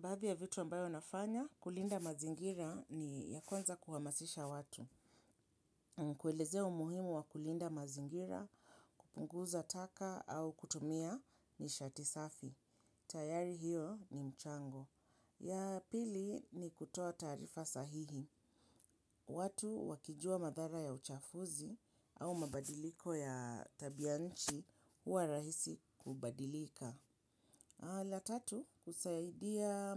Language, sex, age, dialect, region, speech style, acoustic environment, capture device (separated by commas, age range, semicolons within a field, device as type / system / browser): Swahili, female, 35-44, Kenya - Nairobi Sheng-influenced, Kenya, happy; relaxed; focused; excited, people talking; silence; nature; appliances, mobile / Linux / Chrome